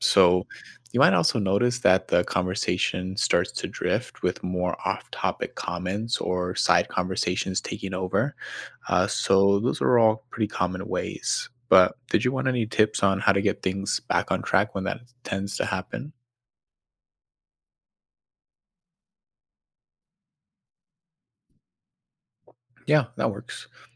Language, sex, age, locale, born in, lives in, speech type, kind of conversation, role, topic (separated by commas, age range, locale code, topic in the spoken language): English, male, 25-29, en-US, United States, United States, read, expert-assistant, expert, What are signs that a group discussion is unproductive?